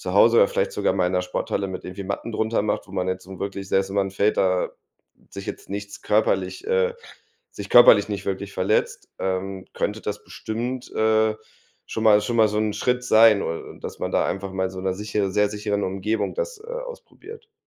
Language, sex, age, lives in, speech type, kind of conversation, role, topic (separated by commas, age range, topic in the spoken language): German, male, 30-34, Germany, conversation, advice, advisor, Wie kann ich meine Angst vor Verletzungen oder Schmerzen beim Sport überwinden?